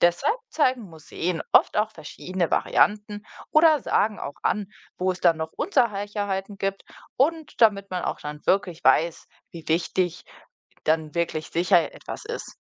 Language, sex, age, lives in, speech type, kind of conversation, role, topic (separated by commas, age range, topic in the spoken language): German, female, 25-29, Germany, read, expert-assistant, expert, Wie wird ein Gemälde restauriert?